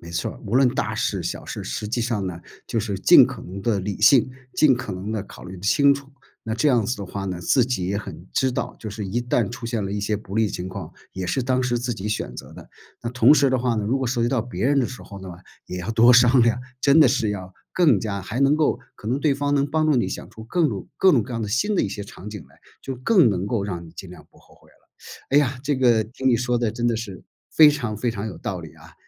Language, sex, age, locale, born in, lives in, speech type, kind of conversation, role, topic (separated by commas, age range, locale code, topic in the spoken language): Chinese, male, 55-59, zh-CN, China, United States, conversation, podcast, host, 面对选择时，怎样才能尽量不后悔？